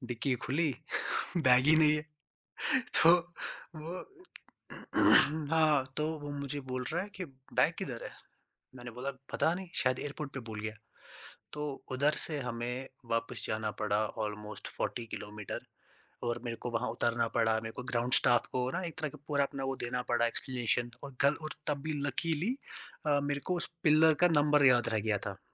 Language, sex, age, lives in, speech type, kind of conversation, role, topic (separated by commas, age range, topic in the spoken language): Hindi, male, 20-24, India, conversation, podcast, guest, Apne solo safar mein ki gayi galtiyon se tumhe sabse badi seekh kya mili?